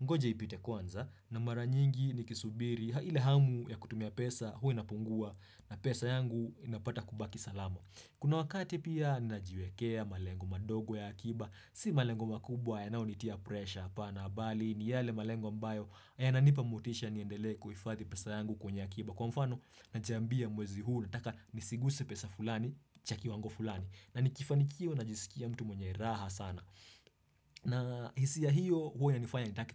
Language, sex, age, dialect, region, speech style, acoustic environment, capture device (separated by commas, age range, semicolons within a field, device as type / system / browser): Swahili, male, 25-34, Kenya - Nairobi Sheng-influenced, Kenya, happy; excited; focused; relaxed, silence, desktop / Windows / Chrome